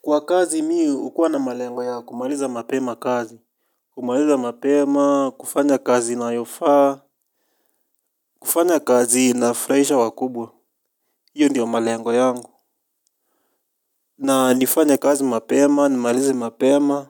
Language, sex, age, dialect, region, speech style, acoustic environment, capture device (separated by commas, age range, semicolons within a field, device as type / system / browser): Swahili, male, 25-34, Kenya - Nairobi Sheng-influenced, Kenya, happy, nature, mobile / Linux / Chrome